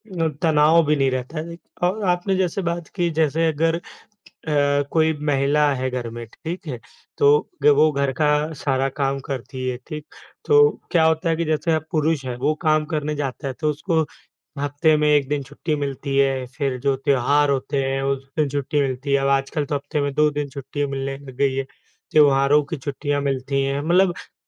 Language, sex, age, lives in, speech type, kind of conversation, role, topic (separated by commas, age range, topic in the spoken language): Hindi, male, 25-29, India, conversation, unstructured, none, क्या आपको लगता है कि घर के काम में सबकी बराबर हिस्सेदारी होनी चाहिए?